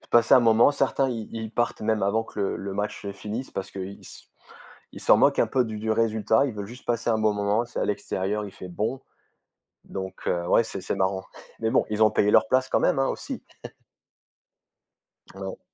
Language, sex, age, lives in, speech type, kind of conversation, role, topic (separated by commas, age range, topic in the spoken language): French, male, 35-39, France, conversation, unstructured, none, Qu’est-ce qui te rend heureux lorsque tu participes à un événement collectif ?